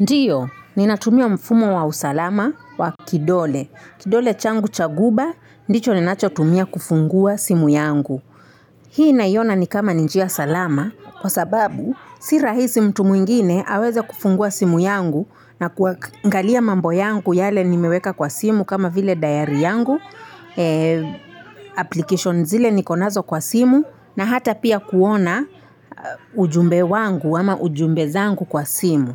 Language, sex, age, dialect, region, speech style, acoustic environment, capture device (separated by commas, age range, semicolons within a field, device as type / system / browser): Swahili, female, 25-34, Kenya - Mombasa, Kenya, happy, nature, mobile / Linux / Chrome